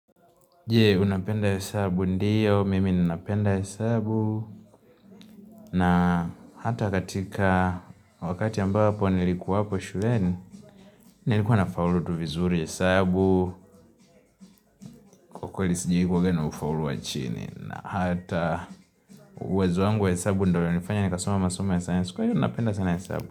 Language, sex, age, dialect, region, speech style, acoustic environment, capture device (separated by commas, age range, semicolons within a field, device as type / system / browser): Swahili, male, 18-24, Tanzania - Dar es Salaam, Tanzania, happy; relaxed, nature, mobile / Linux / Chrome